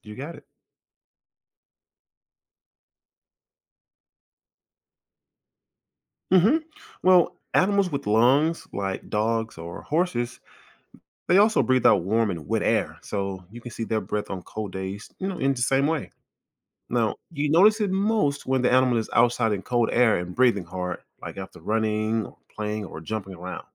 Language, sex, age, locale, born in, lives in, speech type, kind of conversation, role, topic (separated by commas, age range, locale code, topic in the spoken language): English, male, 40-44, en-US, United States, United States, read, expert-assistant, expert, Why do we see our breath on cold days?